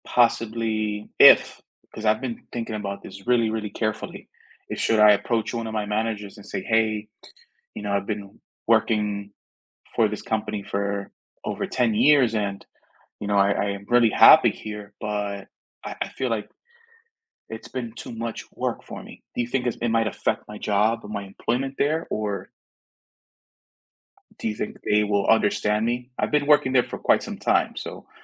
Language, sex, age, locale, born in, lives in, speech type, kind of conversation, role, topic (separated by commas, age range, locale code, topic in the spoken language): English, male, 30-34, en-US, United States, United States, conversation, advice, user, How can I balance work responsibilities while making steady progress on my personal goals?